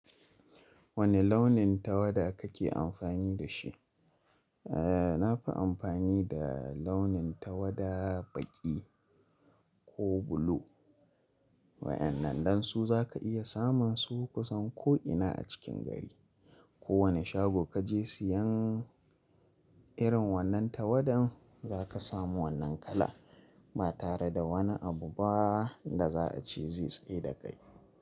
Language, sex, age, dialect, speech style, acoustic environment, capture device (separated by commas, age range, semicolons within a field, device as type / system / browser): Hausa, male, 25-34, Nigeria - Kano, relaxed; focused, traffic; silence, mobile / Linux / Chrome